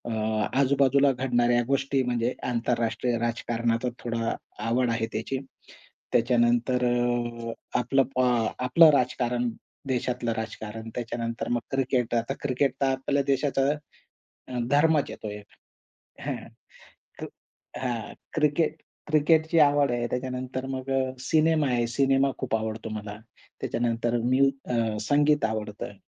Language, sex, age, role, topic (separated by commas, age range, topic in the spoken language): Marathi, male, 20-24, guest, तुमच्या आयुष्यातला सर्वात मोठा बदल कधी झाला?